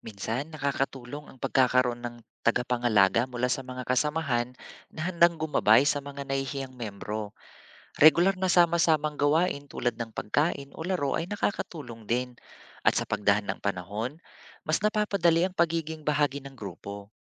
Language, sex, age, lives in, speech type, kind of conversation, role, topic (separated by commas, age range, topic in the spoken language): Filipino, male, 40-44, Philippines, read, expert-assistant, expert, Ano ang puwedeng gawin kapag nahihirapan kang magkaintindihan sa trabaho dahil sa iba’t ibang kultura dulot ng globalisasyon?